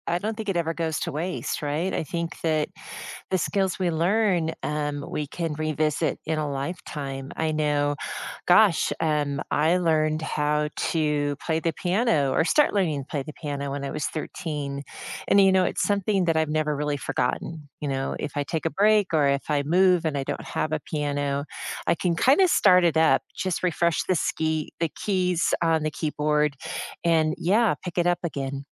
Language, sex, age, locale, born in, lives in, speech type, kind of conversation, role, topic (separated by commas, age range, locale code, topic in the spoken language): English, female, 55-59, en-US, United States, United States, conversation, unstructured, none, What’s the best thing about learning something new?